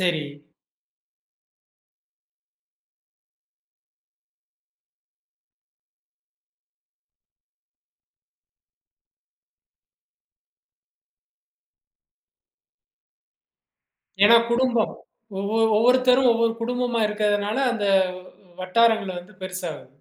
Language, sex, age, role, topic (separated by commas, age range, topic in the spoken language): Tamil, male, 35-39, host, திருமணம் செய்யலாமா, இல்லையா தனியாகவே இருக்கலாமா என்று யோசிக்கும்போது, உங்களுக்கு என்ன புரிகிறது?